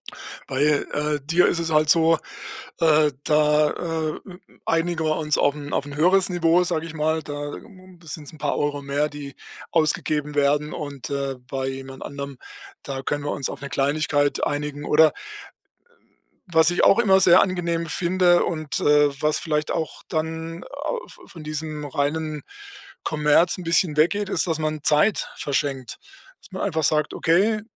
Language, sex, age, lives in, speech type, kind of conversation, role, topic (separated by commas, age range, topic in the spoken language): German, male, 60-64, Germany, conversation, advice, advisor, Wie beeinflusst sozialer Druck meine Kaufentscheidungen im Alltag?